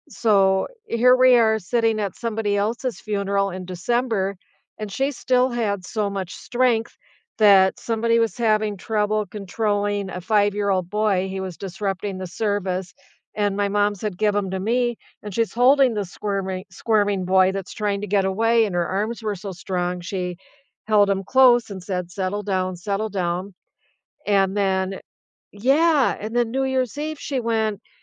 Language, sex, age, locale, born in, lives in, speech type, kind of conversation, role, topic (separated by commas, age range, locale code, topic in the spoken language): English, female, 65-69, en-US, United States, United States, conversation, unstructured, none, Have you ever found comfort in remembering funny moments with someone you’ve lost?